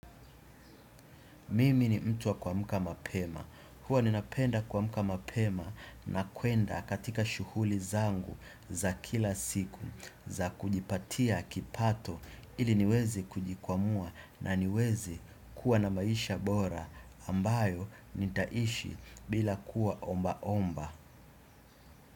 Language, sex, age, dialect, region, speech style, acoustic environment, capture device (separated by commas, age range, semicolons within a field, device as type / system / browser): Swahili, male, 25-34, Tanzania - Dar es Salaam, Tanzania, relaxed, nature, desktop / Windows / Chrome